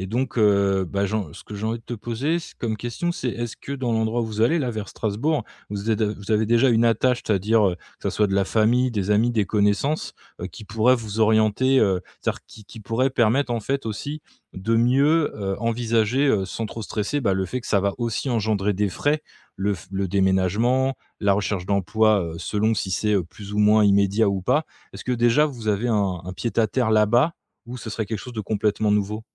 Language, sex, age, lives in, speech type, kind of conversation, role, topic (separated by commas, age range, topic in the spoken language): French, male, 35-39, France, conversation, advice, advisor, Comment préparer un gros achat (voiture ou maison) sans s’endetter excessivement ?